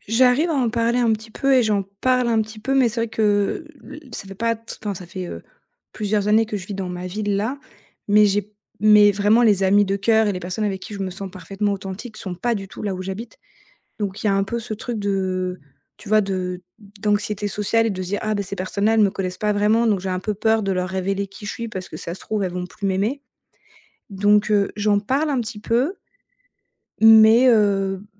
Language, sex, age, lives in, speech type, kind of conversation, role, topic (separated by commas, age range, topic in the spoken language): French, female, 30-34, France, conversation, advice, user, Comment rester moi-même quand la pression sociale me pousse à changer ?